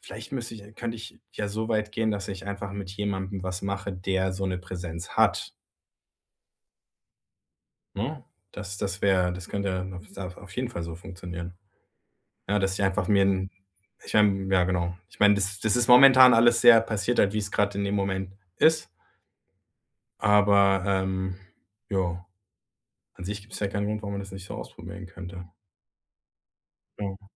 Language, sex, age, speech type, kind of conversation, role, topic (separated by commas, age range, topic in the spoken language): German, male, 40-44, conversation, advice, user, Wie kann ich öffentlich experimentieren, ohne Angst vor Fehlern zu haben?